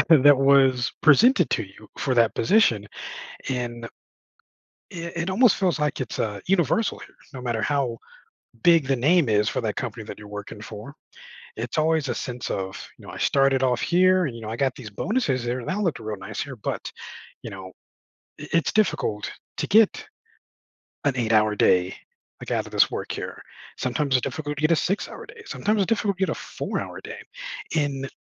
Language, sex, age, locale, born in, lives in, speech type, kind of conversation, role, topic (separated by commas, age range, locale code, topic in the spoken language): English, male, 40-44, en-US, United States, United States, conversation, advice, user, How can I address feeling unappreciated at work and get more recognition?